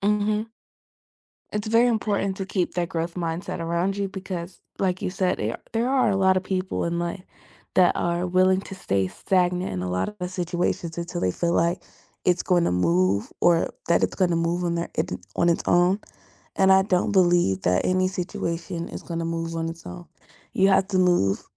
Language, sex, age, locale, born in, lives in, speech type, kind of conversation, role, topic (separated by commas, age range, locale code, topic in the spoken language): English, female, 18-19, en-US, United States, United States, conversation, unstructured, none, How do you build a network of people who truly help you grow, not just show up?